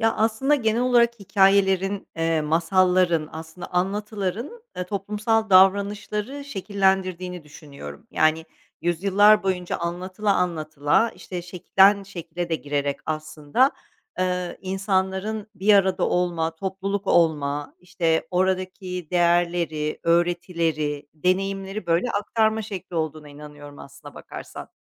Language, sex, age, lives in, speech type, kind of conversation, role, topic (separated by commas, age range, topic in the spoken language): Turkish, female, 45-49, Netherlands, conversation, podcast, guest, Hikâyelerin toplumdaki davranışları nasıl etkilediğini düşünüyorsun?